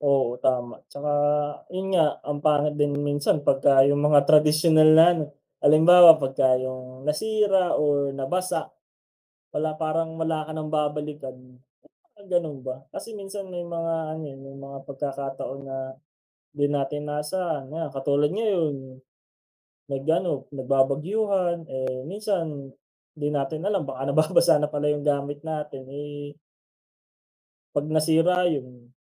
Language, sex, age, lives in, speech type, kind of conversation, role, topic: Filipino, male, 25-29, Philippines, conversation, unstructured, none, Paano nakatutulong ang teknolohiya sa pag-aaral mo?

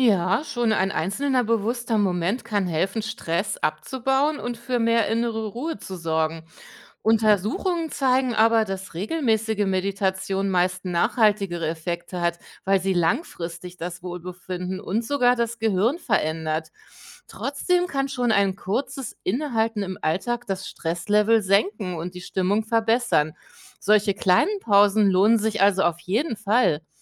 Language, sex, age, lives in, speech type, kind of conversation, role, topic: German, female, 45-49, Germany, read, expert-assistant, expert, Wie wirken kurze achtsame Momente im Alltag auf unser Wohlbefinden?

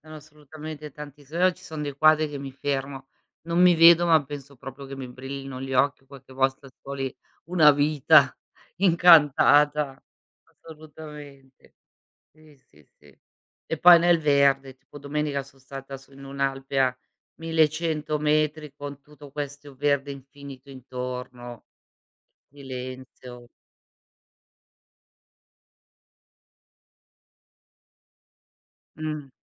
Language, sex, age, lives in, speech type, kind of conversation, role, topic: Italian, female, 55-59, Italy, conversation, unstructured, none, Qual è il sogno che ti motiva di più ogni giorno?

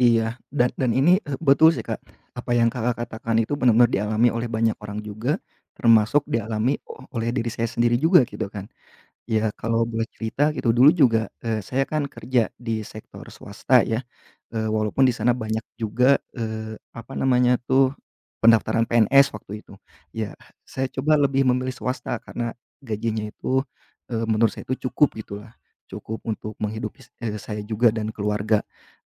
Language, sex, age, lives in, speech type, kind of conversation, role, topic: Indonesian, male, 30-34, Indonesia, conversation, podcast, guest, Apa pertimbangan utama saat memilih karier atau pekerjaan?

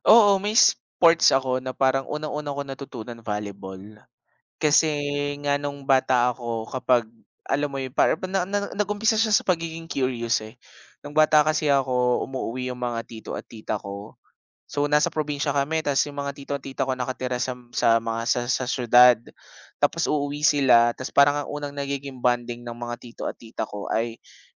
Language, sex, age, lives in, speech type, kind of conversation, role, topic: Filipino, male, 25-29, Philippines, conversation, unstructured, none, Anong isport ang paborito mong laruin, at bakit?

